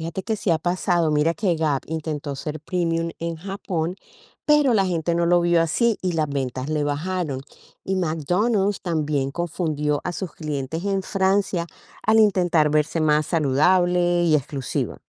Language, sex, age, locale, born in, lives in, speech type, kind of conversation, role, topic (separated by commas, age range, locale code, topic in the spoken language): Spanish, female, 55-59, es-CO, Colombia, United States, read, expert-assistant, expert, ¿Por qué cambian los precios y la imagen de las marcas según el país?